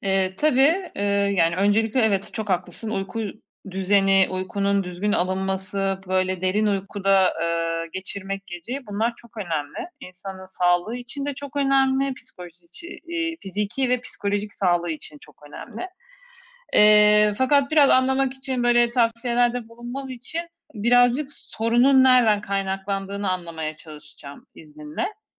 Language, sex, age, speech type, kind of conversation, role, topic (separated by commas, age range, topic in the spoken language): Turkish, female, 40-44, conversation, advice, advisor, Uyku düzeninizi sürdüremeyip sürekli geç yatmanıza neler sebep oluyor?